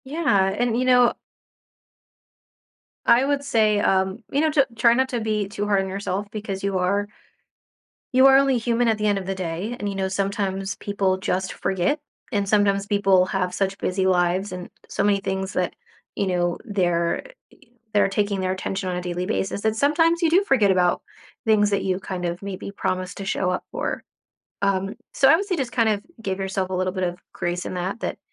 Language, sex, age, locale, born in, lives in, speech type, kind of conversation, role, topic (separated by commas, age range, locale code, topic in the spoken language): English, female, 30-34, en-US, United States, United States, conversation, advice, advisor, How can I cope with guilt and make amends after breaking a promise?